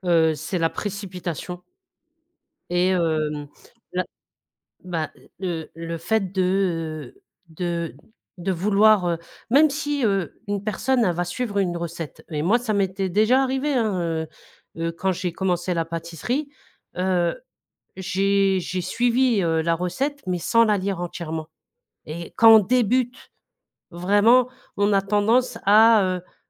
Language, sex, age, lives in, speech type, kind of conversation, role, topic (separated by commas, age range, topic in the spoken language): French, female, 40-44, France, conversation, podcast, guest, Quel est ton conseil pour débuter en pâtisserie ?